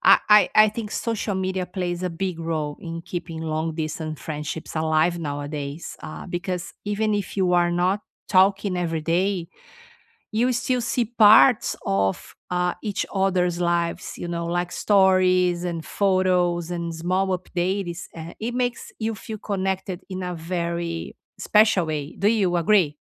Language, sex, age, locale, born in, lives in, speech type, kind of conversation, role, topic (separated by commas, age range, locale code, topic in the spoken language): English, female, 50-54, en-BR, Brazil, United States, conversation, unstructured, none, What are some simple, meaningful ways to keep long-distance friendships close and thriving?